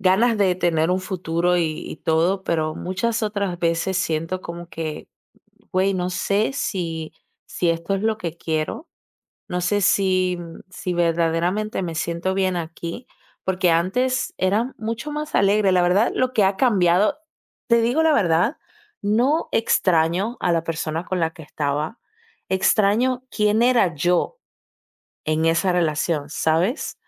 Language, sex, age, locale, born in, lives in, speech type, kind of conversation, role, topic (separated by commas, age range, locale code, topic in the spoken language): Spanish, female, 20-24, es-DO, Dominican Republic, Italy, conversation, advice, user, ¿Cómo puedo evitar perderme en mi relación y seguir siendo yo mismo?